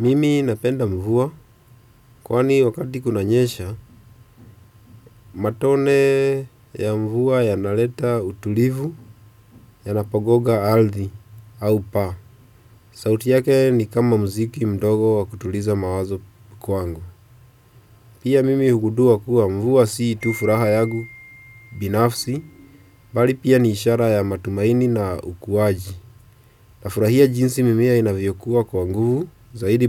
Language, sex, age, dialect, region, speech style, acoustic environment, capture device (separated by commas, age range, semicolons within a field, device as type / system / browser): Swahili, male, 25-34, Kenya - Nairobi Sheng-influenced, Kenya, relaxed, silence, desktop / Windows / Chrome